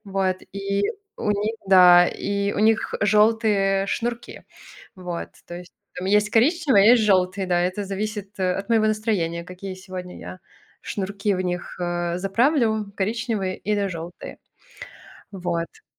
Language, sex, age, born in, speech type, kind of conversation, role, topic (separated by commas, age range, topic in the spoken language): Russian, male, 30-34, Belarus, conversation, podcast, guest, Как ты используешь одежду, чтобы подчеркнуть свою идентичность?